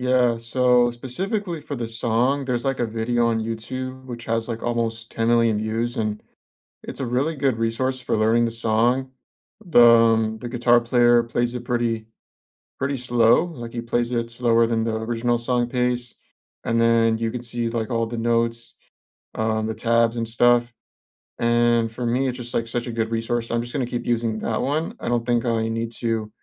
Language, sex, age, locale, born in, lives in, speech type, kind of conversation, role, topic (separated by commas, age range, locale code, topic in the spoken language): English, male, 25-29, en-US, United States, United States, conversation, unstructured, none, What are you looking forward to this month, and how can we share or support it together?